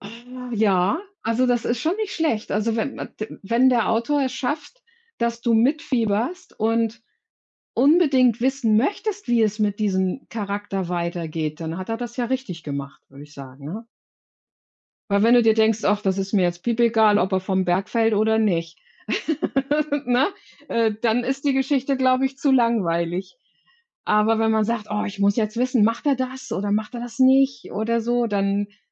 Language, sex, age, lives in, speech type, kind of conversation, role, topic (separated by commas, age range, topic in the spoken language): German, female, 55-59, Germany, conversation, unstructured, none, Was macht für dich eine gute Geschichte aus?